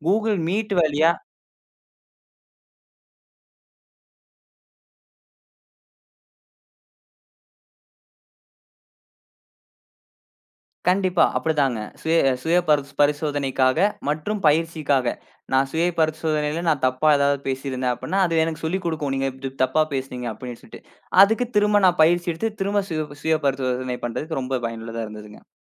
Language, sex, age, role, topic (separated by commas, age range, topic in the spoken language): Tamil, male, 20-24, guest, தோல்வி வந்தபோது உங்கள் மனநிலையை எப்படி மாற்றிக்கொண்டீர்கள்?